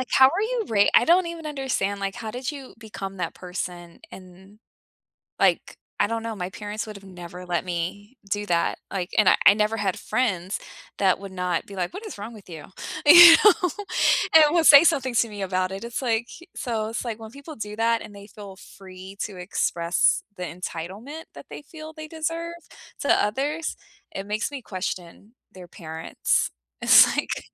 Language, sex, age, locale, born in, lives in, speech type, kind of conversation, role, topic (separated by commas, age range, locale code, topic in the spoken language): English, female, 35-39, en-US, United States, United States, conversation, unstructured, none, How can you make living with someone feel fair when your chores and preferences are so different?